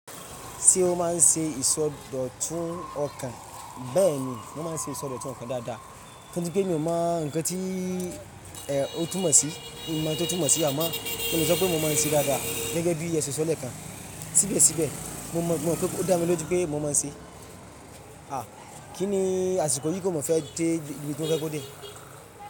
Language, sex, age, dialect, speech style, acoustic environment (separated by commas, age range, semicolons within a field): Yoruba, male, 25-34, Nigeria - Ibadan, focused, silence; traffic